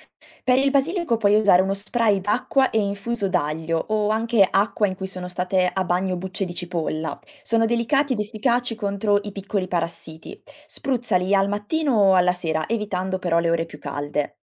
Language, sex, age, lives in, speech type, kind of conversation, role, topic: Italian, female, 20-24, Italy, read, expert-assistant, expert, Quali piccoli cambiamenti pratici posso adottare per rendere il mio orto o giardino più sostenibile?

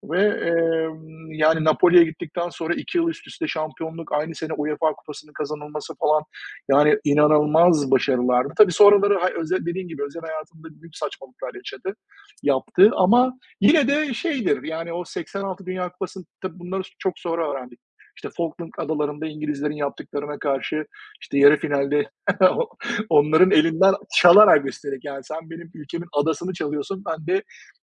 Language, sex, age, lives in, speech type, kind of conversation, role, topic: Turkish, male, 45-49, United States, conversation, unstructured, none, Tarihte seni en çok etkileyen kahraman kimdi?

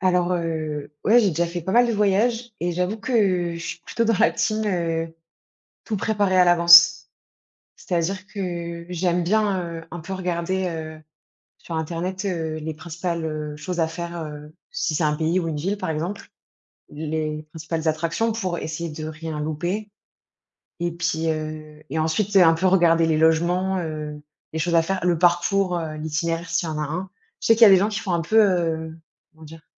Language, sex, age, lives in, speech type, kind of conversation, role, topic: French, female, 30-34, France, conversation, unstructured, none, Comment prépares-tu habituellement un voyage important ?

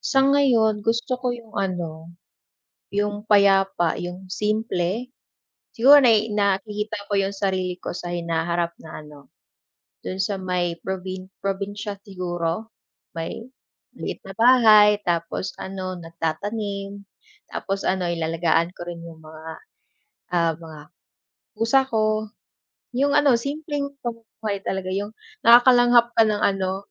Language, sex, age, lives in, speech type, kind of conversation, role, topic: Filipino, female, 25-29, Philippines, conversation, unstructured, none, Anong klaseng buhay ang gusto mong mabuhay sa hinaharap?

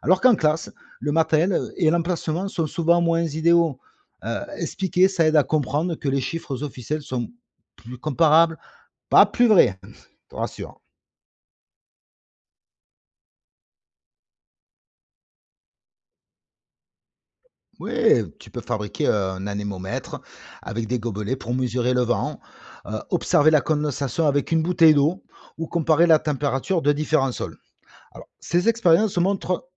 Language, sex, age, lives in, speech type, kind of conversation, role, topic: French, male, 45-49, France, read, expert-assistant, expert, Les records de température qu’on entend aux infos sont-ils fiables ?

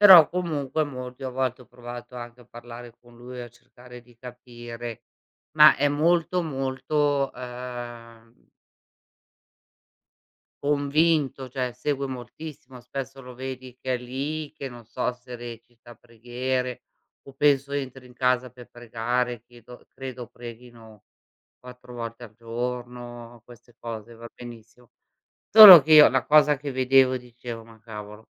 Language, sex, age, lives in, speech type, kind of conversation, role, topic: Italian, female, 55-59, Italy, conversation, unstructured, none, Come pensi che la religione influenzi le leggi nella nostra società?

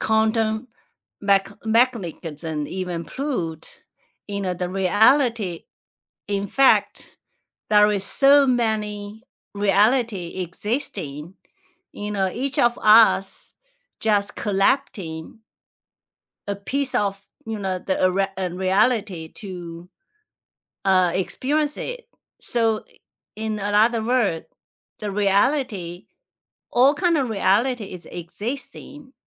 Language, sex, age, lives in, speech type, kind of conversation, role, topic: English, female, 45-49, United States, conversation, unstructured, none, What is the best piece of advice you have heard lately, and how has it changed you?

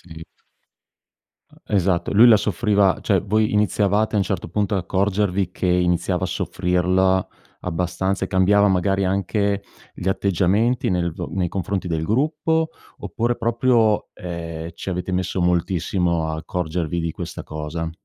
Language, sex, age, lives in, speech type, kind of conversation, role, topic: Italian, male, 50-54, Italy, conversation, podcast, host, Puoi raccontarmi di una volta in cui hai sbagliato e hai imparato molto?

